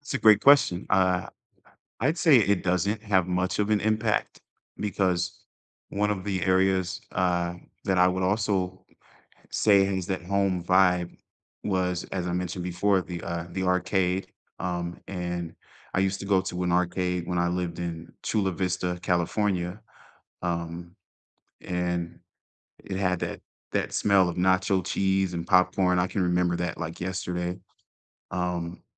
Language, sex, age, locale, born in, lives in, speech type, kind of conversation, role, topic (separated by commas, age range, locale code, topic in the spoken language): English, male, 35-39, en-US, United States, United States, conversation, unstructured, none, Which places feel like home to you, and how do they shape your sense of belonging?